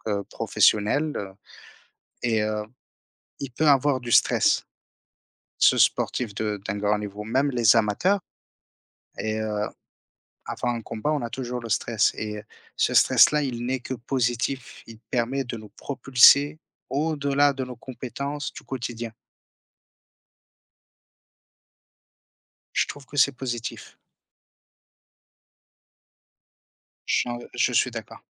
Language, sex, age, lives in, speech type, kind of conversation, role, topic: French, male, 30-34, France, conversation, unstructured, none, Comment le sport t’aide-t-il à gérer le stress ?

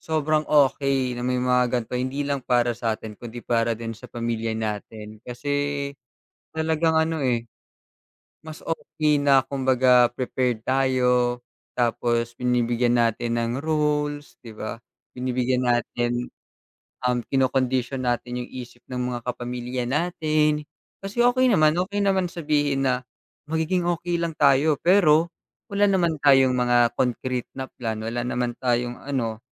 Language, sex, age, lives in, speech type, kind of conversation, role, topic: Filipino, male, 20-24, Philippines, conversation, unstructured, none, Paano ka naghahanda para sa mga biglaang emerhensiya sa bahay?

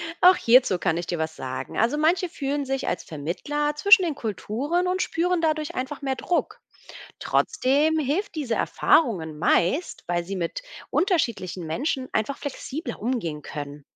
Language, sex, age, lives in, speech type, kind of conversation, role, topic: German, female, 35-39, Germany, read, expert-assistant, expert, Welche Vorteile und Herausforderungen bringt es mit sich, wenn Länder ihre Grenzen öffnen oder schließen?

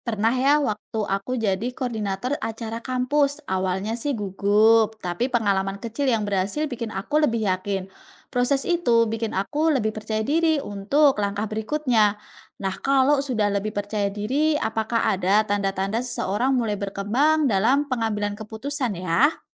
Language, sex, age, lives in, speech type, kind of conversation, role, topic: Indonesian, female, 30-34, Indonesia, read, expert-assistant, asker, Dari banyak hal yang memengaruhi keputusan sehari-hari, mana yang paling perlu kita sadari biar nggak gampang menyesal?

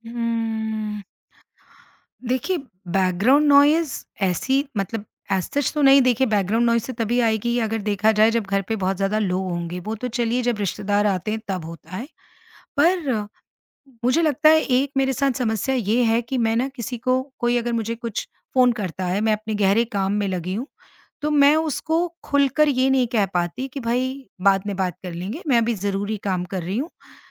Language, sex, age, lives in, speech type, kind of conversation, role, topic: Hindi, female, 50-54, India, conversation, advice, user, घर में बार-बार होने वाले व्यवधानों के बावजूद मैं गहन एकाग्रता के साथ काम कैसे कर सकता/सकती हूँ?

